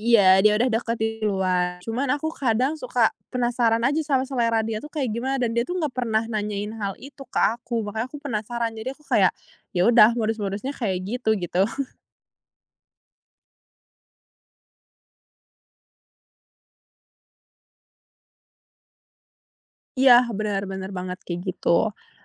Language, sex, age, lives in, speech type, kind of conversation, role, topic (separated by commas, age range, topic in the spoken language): Indonesian, female, 20-24, Indonesia, conversation, podcast, guest, Bisakah kamu menceritakan momen saat membuat daftar putar bersama yang membuat suasana jadi berkesan?